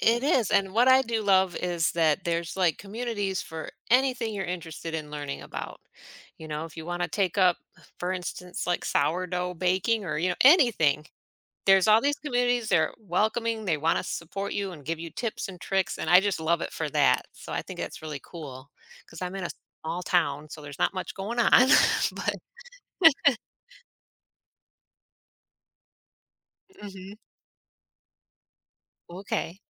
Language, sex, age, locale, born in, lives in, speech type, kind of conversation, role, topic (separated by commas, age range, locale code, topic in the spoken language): English, female, 40-44, en-US, United States, United States, conversation, podcast, host, How has technology changed the way we form and maintain friendships?